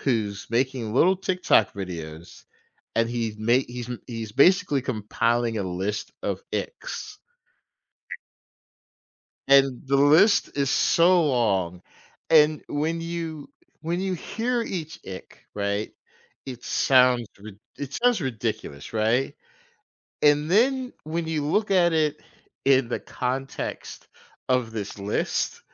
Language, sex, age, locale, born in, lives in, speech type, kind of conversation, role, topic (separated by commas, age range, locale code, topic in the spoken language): English, male, 45-49, en-US, United States, United States, conversation, podcast, guest, How has technology changed the way we live and interact with each other?